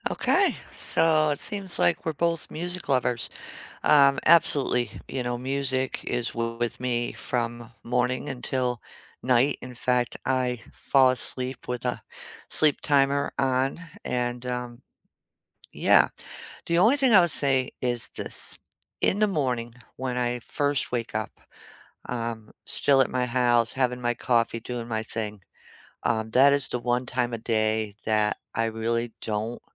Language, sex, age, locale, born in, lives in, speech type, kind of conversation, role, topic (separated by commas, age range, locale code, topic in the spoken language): English, female, 55-59, en-US, United States, United States, conversation, unstructured, none, How does music affect your mood and motivation?